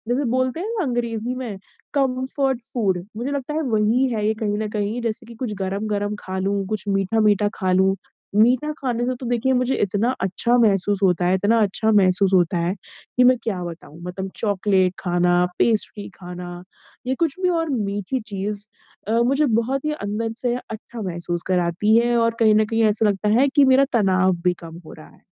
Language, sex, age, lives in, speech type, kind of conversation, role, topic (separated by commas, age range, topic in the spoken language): Hindi, female, 25-29, India, conversation, advice, user, मैं बोरियत या तनाव के कारण बार-बार स्नैकिंग करने से खुद को कैसे रोकूँ?